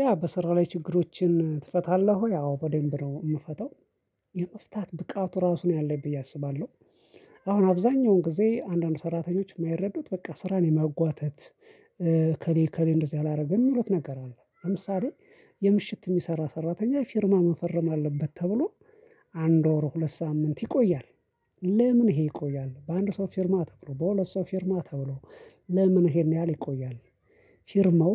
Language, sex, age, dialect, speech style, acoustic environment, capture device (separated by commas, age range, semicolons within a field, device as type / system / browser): Amharic, male, 25-34, Ethiopia - Addis Ababa, excited, silence, mobile / Linux / Chrome